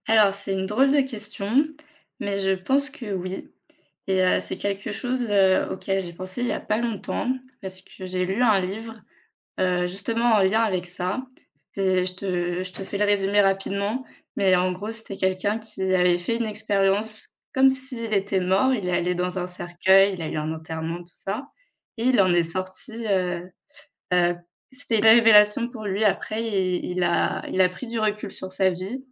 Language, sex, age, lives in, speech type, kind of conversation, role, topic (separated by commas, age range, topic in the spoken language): French, female, 25-29, France, conversation, unstructured, none, Penses-tu que parler de la mort peut aider à mieux vivre ?